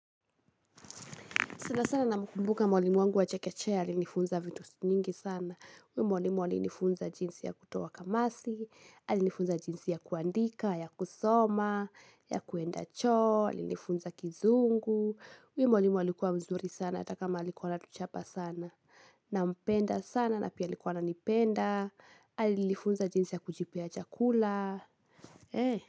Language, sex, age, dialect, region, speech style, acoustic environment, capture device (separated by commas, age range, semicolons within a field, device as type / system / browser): Swahili, female, 18-24, Kenya - Kiambu, Kenya, happy, silence, mobile / Linux / Chrome